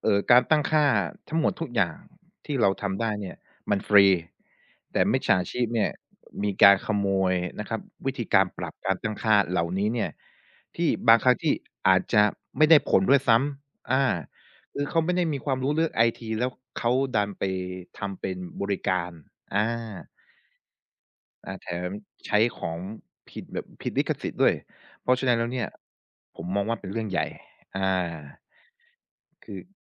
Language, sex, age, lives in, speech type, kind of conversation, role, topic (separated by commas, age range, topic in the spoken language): Thai, male, 25-29, Thailand, conversation, podcast, guest, งานอดิเรกแบบไหนทำให้คุณเข้าสภาวะโฟลว์ได้ง่ายที่สุด?